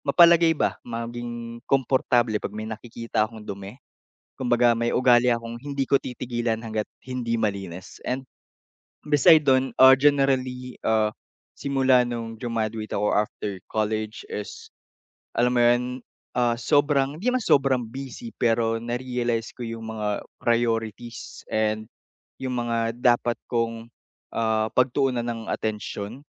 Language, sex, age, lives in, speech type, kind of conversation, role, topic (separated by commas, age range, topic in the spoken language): Filipino, male, 45-49, Philippines, conversation, advice, user, Paano ako makakahanap ng oras para maglibang sa gitna ng aking pagiging abala?